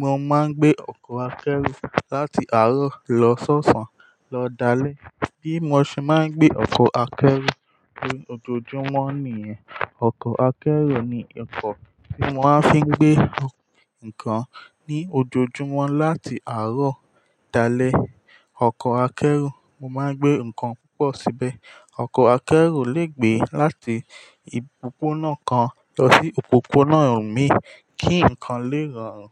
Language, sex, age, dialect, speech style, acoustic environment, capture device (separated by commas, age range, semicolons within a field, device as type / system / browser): Yoruba, male, 18-24, Nigeria - Ijebu, focused; happy, silence, mobile / Linux / Chrome